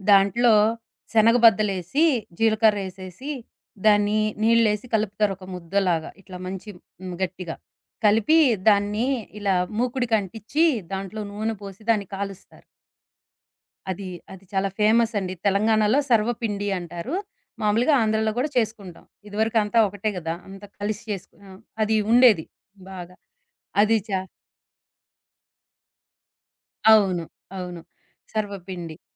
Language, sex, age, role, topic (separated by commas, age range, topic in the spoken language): Telugu, female, 40-44, guest, అమ్మ చేత వండిన వంటల గురించి మీకు గుర్తుండిపోయిన జ్ఞాపకాలు ఏమిటి?